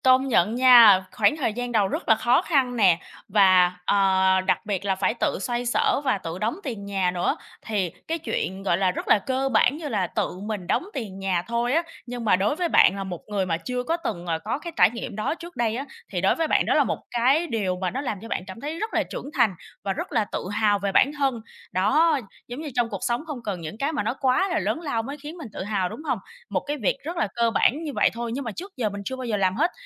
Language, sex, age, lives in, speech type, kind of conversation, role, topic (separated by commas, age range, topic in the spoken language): Vietnamese, female, 25-29, Vietnam, conversation, podcast, host, Một thay đổi bất ngờ đã khiến bạn trưởng thành như thế nào?